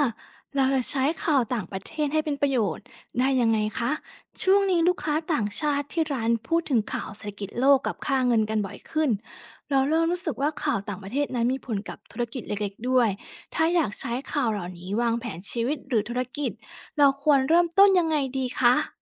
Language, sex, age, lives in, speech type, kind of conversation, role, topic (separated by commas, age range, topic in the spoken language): Thai, female, 30-34, Thailand, read, expert-assistant, asker, จะใช้ข่าวต่างประเทศให้เป็นประโยชน์กับการวางแผนชีวิตประจำวันได้ยังไงบ้าง?